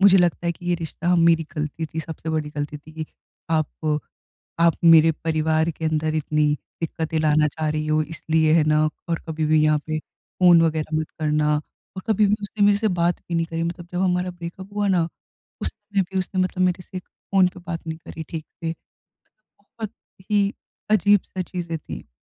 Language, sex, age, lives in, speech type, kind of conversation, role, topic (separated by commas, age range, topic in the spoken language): Hindi, female, 20-24, India, conversation, advice, user, ब्रेकअप के बाद मैं अपना आत्मविश्वास फिर से कैसे हासिल कर सकती/सकता हूँ?